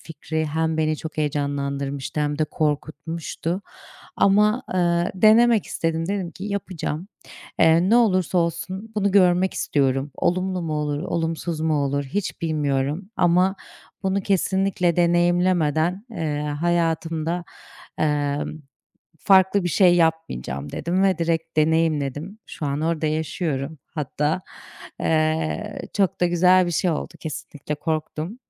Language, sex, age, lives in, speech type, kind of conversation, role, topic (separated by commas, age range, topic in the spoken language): Turkish, female, 30-34, Portugal, conversation, unstructured, none, Hayatta yeni şeyler denemek seni heyecanlandırır mı?